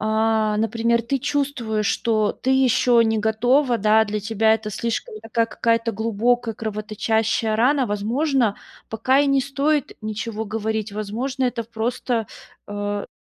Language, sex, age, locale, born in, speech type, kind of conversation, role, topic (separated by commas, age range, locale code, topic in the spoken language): Russian, female, 30-34, ru-RU, Russia, conversation, advice, advisor, Как перестать бояться просить о помощи, когда мне эмоционально тяжело?